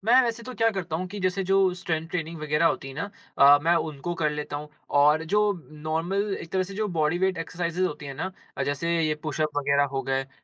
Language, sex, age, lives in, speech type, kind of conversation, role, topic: Hindi, male, 20-24, India, conversation, advice, user, मैं नियमित रूप से व्यायाम करने की आदत क्यों नहीं बना पा रहा/रही हूँ?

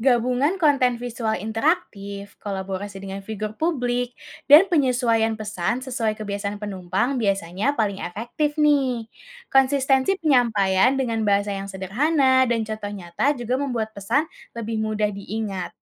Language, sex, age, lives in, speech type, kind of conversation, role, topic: Indonesian, female, 20-24, Indonesia, read, expert-assistant, expert, Ada banyak pendapat tentang efektivitas masker dalam mencegah penularan penyakit. Bagaimana cara mengetahui informasi mana yang benar di tengah perdebatan publik ini?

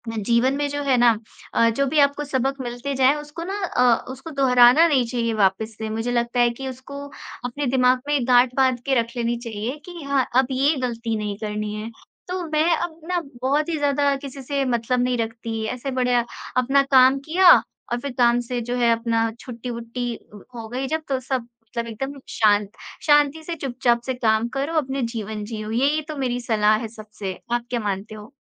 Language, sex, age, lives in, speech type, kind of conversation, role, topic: Hindi, female, 25-29, India, conversation, unstructured, none, आपने अपने जीवन में सबसे बड़ा सबक क्या सीखा है?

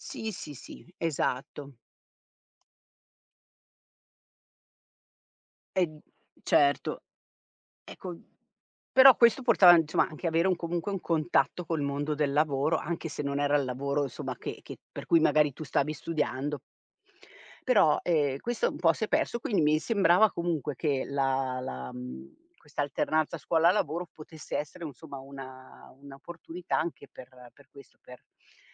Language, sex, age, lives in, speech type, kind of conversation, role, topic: Italian, female, 50-54, Italy, conversation, podcast, guest, Cosa pensi dell’alternanza scuola-lavoro e degli stage?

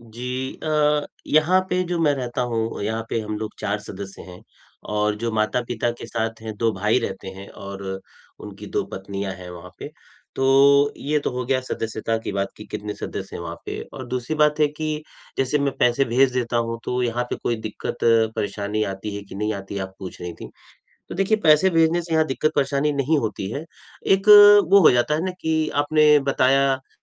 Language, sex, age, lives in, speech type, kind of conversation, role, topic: Hindi, male, 45-49, India, conversation, advice, user, सीमाएँ और सम्मान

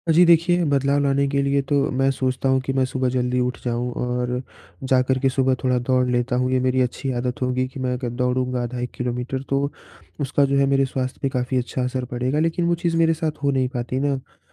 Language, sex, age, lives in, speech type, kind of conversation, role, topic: Hindi, male, 20-24, India, conversation, advice, user, मैं ध्यान कैसे बनाए रखूँ और आलस्य से कैसे निपटूँ?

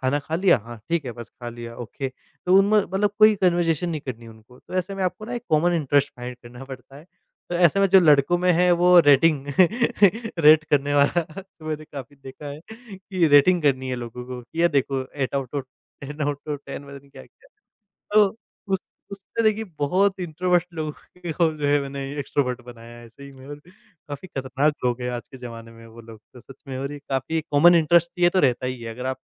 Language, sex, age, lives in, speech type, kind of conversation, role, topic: Hindi, male, 25-29, India, conversation, podcast, guest, नए शहर में आसानी से दोस्त कैसे बनाएँ?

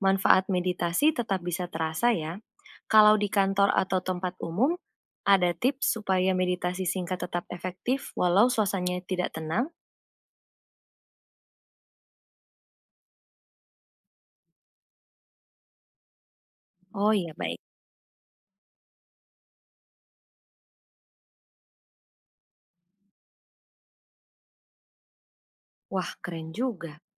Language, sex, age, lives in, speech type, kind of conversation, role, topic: Indonesian, female, 20-24, Indonesia, read, expert-assistant, asker, Kenapa kadang jantung saya berdetak lebih cepat padahal sedang santai? Apakah ini wajar secara fisiologi?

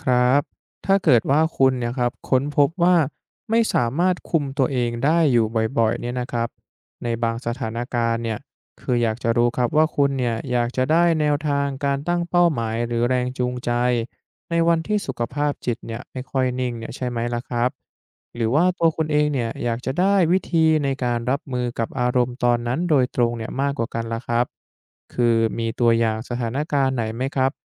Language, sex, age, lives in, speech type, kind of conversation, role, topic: Thai, male, 20-24, Thailand, read, expert-assistant, expert, คุณมีวิธีจัดสรรเวลาเล่นเกมและการใช้ชีวิตอย่างไร เพื่อป้องกันผลกระทบต่อสุขภาพหรือการงาน?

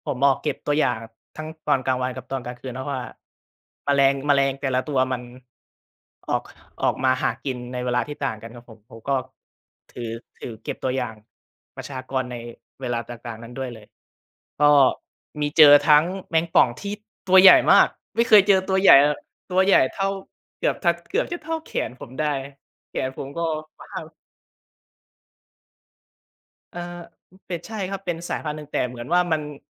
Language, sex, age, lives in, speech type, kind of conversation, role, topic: Thai, male, 20-24, Thailand, conversation, podcast, guest, คุณช่วยเล่าเกี่ยวกับประสบการณ์เดินทางที่ประทับใจที่สุดของคุณให้ฟังหน่อยได้ไหม?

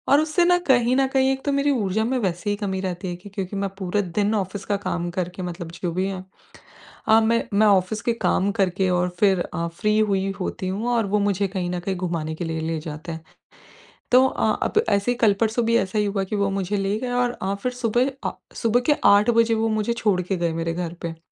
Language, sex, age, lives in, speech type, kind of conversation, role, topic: Hindi, female, 25-29, India, conversation, advice, user, मैं अपनी सीमाएँ और प्राथमिकताएँ साफ़ तरीके से कैसे तय करूँ?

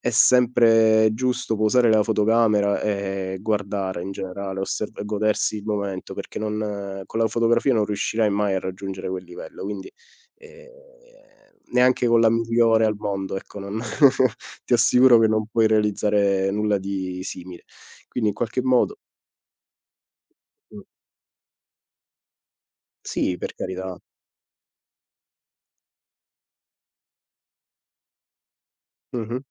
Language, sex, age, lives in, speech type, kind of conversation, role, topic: Italian, male, 30-34, Italy, conversation, unstructured, none, In che modo i viaggi possono rivelare la vera natura delle persone?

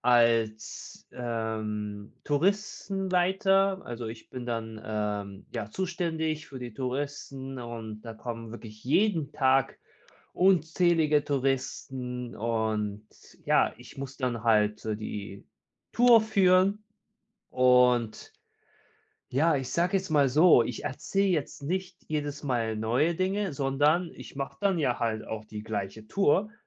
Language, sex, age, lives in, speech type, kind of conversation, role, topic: German, male, 30-34, Germany, conversation, advice, user, Wie finde ich mehr Sinn in meiner täglichen Arbeit?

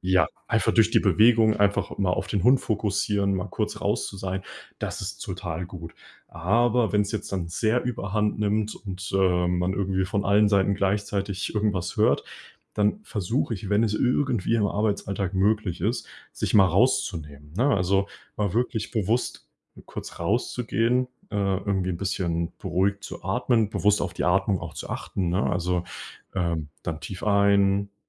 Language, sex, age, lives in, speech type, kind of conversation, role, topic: German, male, 20-24, Germany, conversation, unstructured, none, Wie gehst du mit Stress im Alltag um?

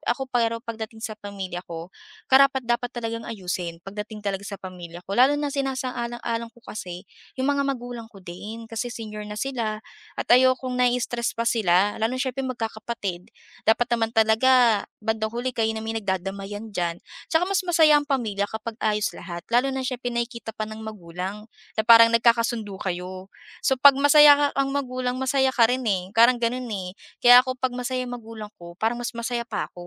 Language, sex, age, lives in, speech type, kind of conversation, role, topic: Filipino, female, 25-29, Philippines, conversation, podcast, guest, Ano ang pinakaepektibong paraan para matapos ang matagal nang hindi pagkakaunawaan?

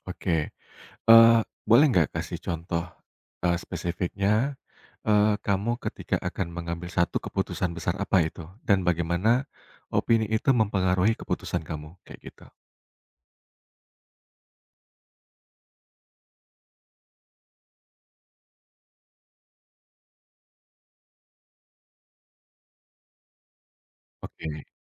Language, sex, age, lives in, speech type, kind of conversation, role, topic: Indonesian, male, 35-39, Indonesia, conversation, podcast, host, Seberapa penting pendapat orang lain bagi kamu saat membuat pilihan?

